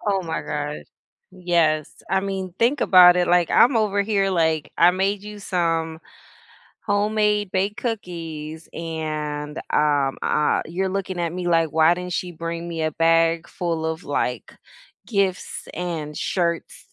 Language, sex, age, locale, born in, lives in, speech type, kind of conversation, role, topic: English, female, 40-44, en-US, United States, United States, conversation, unstructured, none, How do you celebrate each other’s wins, big and small?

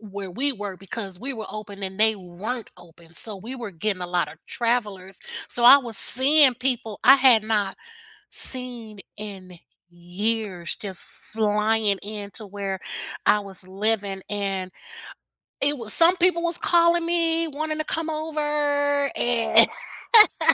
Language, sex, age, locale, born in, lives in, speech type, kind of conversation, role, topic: English, female, 55-59, en-US, United States, United States, conversation, unstructured, none, What unexpected acts of kindness have you experienced or given?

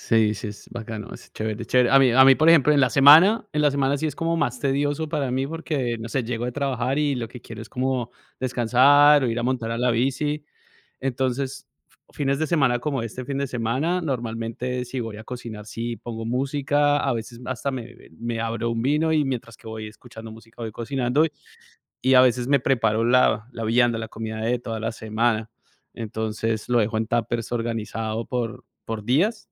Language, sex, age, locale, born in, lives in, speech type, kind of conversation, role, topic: Spanish, male, 40-44, es-CO, Colombia, United States, conversation, unstructured, none, ¿Te gusta probar comidas nuevas o prefieres la comida tradicional?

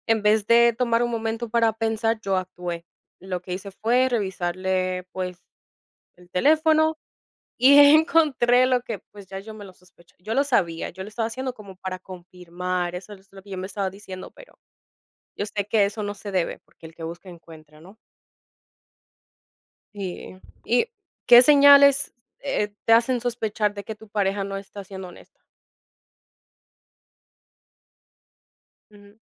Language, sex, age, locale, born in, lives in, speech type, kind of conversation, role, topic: Spanish, female, 25-29, es-DO, United States, United States, conversation, unstructured, none, ¿Qué haces si sientes que tu pareja te está mintiendo?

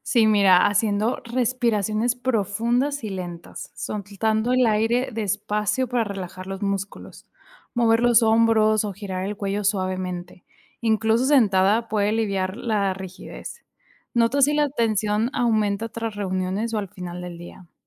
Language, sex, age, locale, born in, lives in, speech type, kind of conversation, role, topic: Spanish, female, 25-29, es-MX, Mexico, Mexico, read, expert-assistant, expert, ¿Cuál es la mejor manera de aprender a manejar el estrés en la vida diaria?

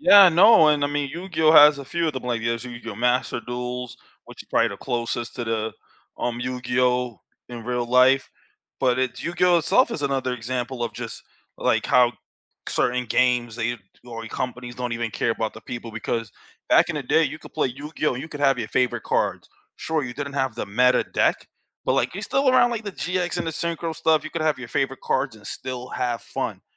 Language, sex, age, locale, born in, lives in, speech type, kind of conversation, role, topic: English, male, 30-34, en-US, United States, United States, conversation, unstructured, none, Which video games have brought you and your friends together, and which have strained your connections?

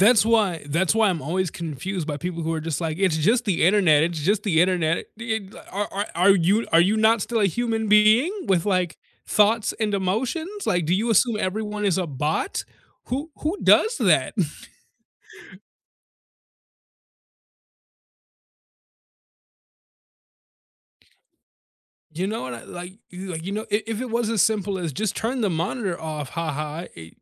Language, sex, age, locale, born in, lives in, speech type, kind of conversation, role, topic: English, male, 20-24, en-US, United States, United States, conversation, unstructured, none, How do you decide when to give in or stand your ground?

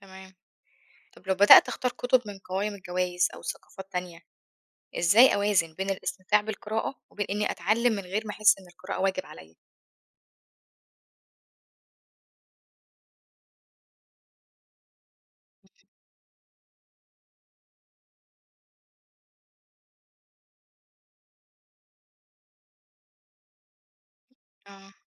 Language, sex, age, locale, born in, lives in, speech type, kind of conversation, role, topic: Arabic, female, 25-29, ar-EG, Egypt, Egypt, read, expert-assistant, asker, إزاي أقدر أطور نفسي في فهم الأدب المعاصر وأختار الكتب اللي توسع رؤيتي؟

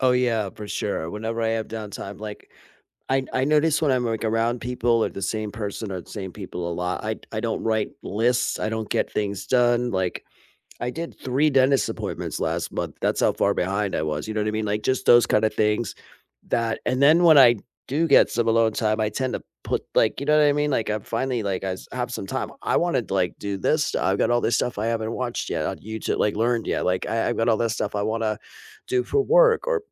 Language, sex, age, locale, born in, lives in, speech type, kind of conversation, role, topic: English, male, 40-44, en-US, United States, United States, conversation, unstructured, none, How do you recharge after a busy day?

